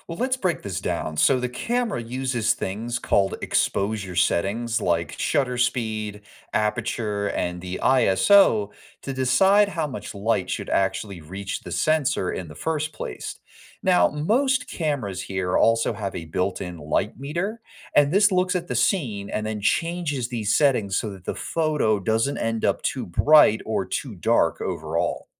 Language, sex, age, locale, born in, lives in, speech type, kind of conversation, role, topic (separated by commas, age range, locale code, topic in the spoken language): English, male, 25-29, en-US, United States, United States, read, expert-assistant, expert, How do cameras capture clear and accurate photos in different conditions?